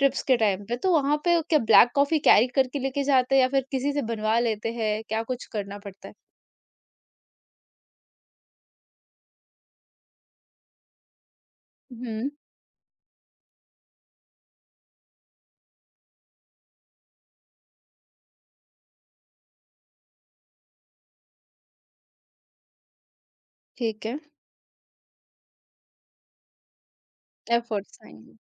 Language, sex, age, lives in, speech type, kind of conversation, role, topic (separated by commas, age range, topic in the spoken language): Hindi, female, 45-49, India, conversation, podcast, host, Subah ka kya koi chhota sa ritual hai jo tumhara mood set kar deta hai?